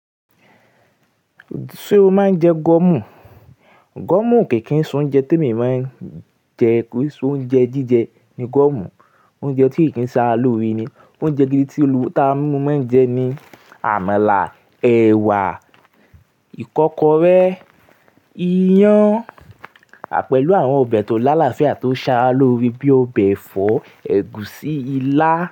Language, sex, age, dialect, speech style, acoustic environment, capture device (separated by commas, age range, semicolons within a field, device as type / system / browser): Yoruba, male, 18-24, Nigeria - Ibadan, happy, nature; silence, mobile / Linux / Chrome